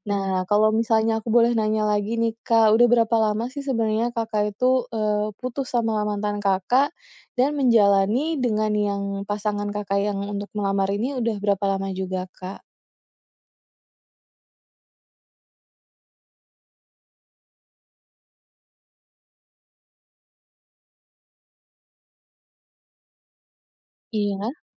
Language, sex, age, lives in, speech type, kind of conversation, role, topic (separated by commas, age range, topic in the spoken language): Indonesian, female, 30-34, Indonesia, conversation, advice, advisor, Bagaimana cara menimbang logika dan intuisi saat membuat keputusan besar dalam hidup?